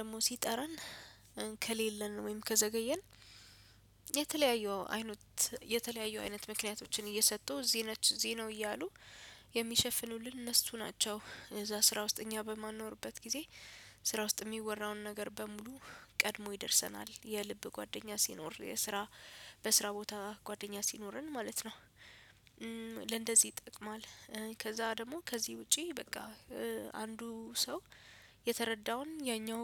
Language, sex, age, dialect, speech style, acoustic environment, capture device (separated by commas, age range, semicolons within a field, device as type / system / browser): Amharic, female, 25-34, Ethiopia - Addis Ababa, excited, music, desktop / Windows / Chrome